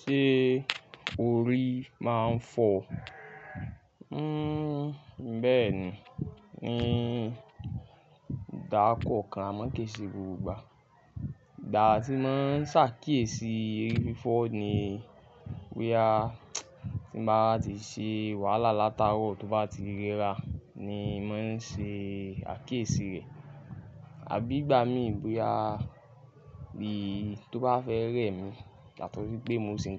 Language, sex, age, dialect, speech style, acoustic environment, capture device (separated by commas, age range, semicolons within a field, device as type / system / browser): Yoruba, male, 25-34, Nigeria - Lagos Yoruba, focused, nature, mobile / Linux / Chrome